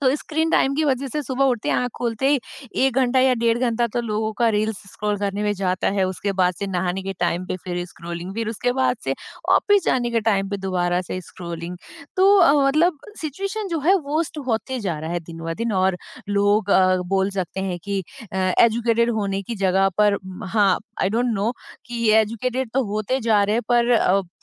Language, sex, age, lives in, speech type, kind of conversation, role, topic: Hindi, female, 30-34, India, conversation, podcast, host, Screen time aur phone rules ghar mein kaise manage karte ho?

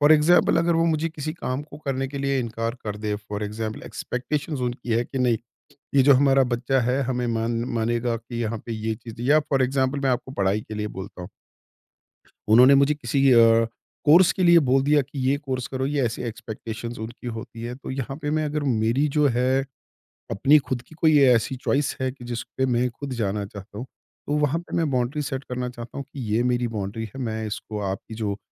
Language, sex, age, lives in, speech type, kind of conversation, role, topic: Hindi, male, 50-54, India, conversation, podcast, guest, Family ki expectations se boundaries set karne ka best tareeka kya hai?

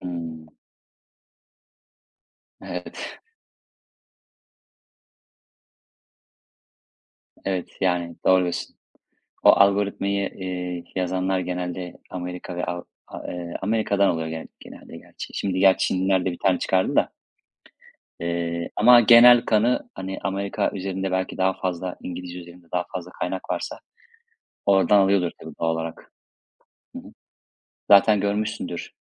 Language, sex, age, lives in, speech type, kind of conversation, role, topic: Turkish, male, 35-39, Spain, conversation, unstructured, none, Yemek yapmayı sever misin, en çok hangi yemeği yapmayı seversin?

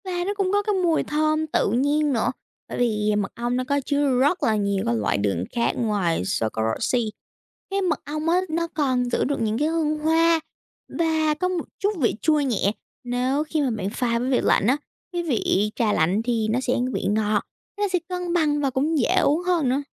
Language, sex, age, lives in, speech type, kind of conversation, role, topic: Vietnamese, female, 18-19, United States, read, expert-assistant, expert, Vì sao khi thả đường vào trà nóng, đường lại tan nhanh hơn trong trà lạnh?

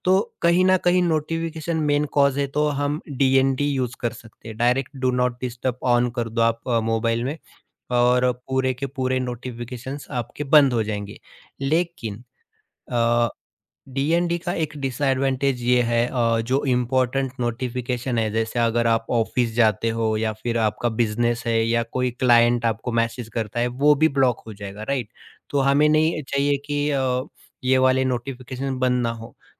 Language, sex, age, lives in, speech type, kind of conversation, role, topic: Hindi, male, 30-34, India, conversation, podcast, guest, Notifications tumhara din kaise bigaad deti hain?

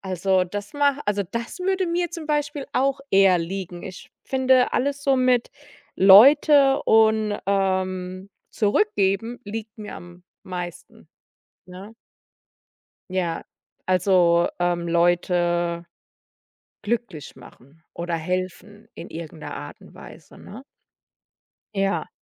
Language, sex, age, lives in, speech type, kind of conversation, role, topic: German, female, 35-39, United States, conversation, podcast, guest, Wie findest du heraus, was dir wirklich liegt?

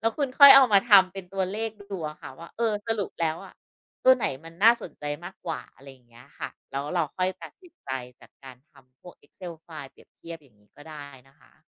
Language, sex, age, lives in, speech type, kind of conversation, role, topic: Thai, female, 40-44, Thailand, conversation, advice, advisor, ฉันควรใช้เงินเพื่อความสุขตอนนี้หรือเก็บออมเพื่อความมั่นคงในอนาคตดี?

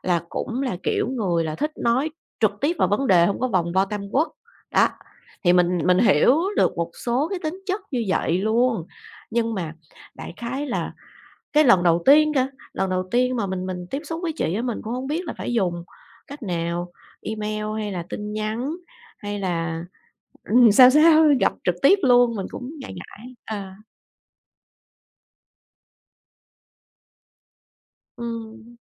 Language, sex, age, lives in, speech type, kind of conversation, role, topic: Vietnamese, female, 40-44, Vietnam, conversation, advice, user, Làm thế nào để tìm người cố vấn khi tôi ngại tiếp cận hoặc không biết bắt đầu từ đâu?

